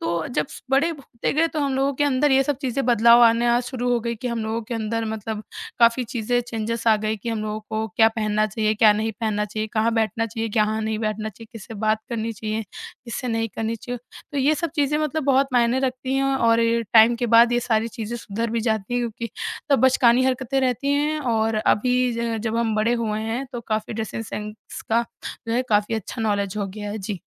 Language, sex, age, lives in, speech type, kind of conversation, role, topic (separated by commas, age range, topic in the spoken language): Hindi, female, 20-24, India, conversation, podcast, guest, Kya social media ne tumhare icons choose karne ka tareeqa badal diya hai?